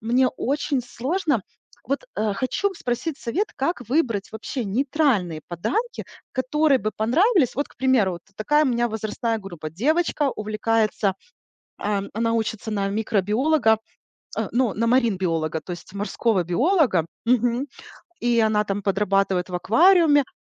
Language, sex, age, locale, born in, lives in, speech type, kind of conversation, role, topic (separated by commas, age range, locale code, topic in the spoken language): Russian, female, 40-44, ru-RU, Ukraine, United States, conversation, advice, user, Как выбрать подарок, который понравится разным людям и не ошибиться?